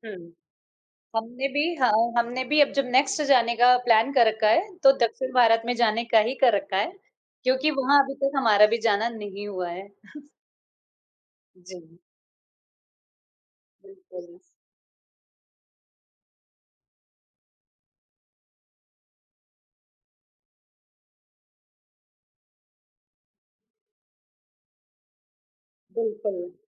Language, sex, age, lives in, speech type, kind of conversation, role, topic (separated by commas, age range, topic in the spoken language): Hindi, female, 35-39, India, conversation, unstructured, none, आपके हिसाब से यात्रा करने से खुशियाँ कैसे मिलती हैं?